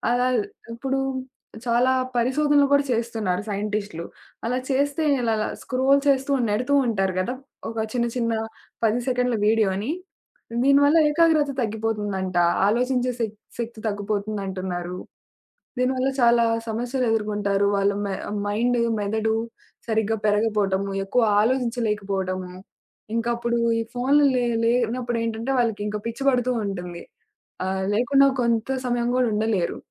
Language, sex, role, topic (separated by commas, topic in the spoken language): Telugu, female, guest, పిల్లలకు మనసుతో శ్రద్ధగా వినే అలవాటు ఎలా నేర్పించాలి?